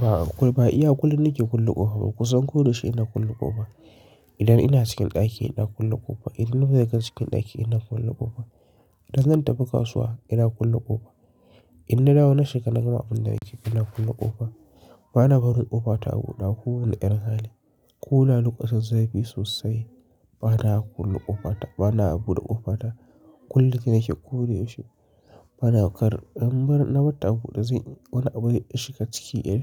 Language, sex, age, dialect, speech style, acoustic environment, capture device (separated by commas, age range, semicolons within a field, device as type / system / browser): Hausa, male, 25-34, Nigeria - Kano, relaxed; excited, silence; nature, mobile / Linux / Chrome